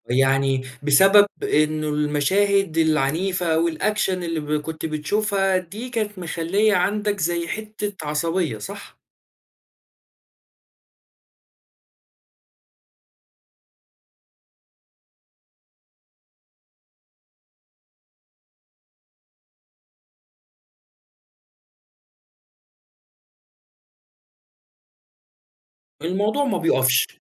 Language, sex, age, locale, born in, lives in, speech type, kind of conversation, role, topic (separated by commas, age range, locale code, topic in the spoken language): Arabic, male, 20-24, ar-EG, Saudi Arabia, Egypt, conversation, podcast, host, إزاي بتحافظ على التوازن بين التكنولوجيا والهدوء؟